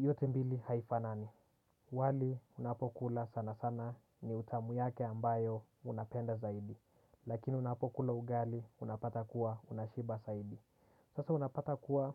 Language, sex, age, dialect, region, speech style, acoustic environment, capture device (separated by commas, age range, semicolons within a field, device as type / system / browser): Swahili, male, 18-24, Kenya - Nairobi Sheng-influenced, Kenya, relaxed, silence, desktop / Windows / Chrome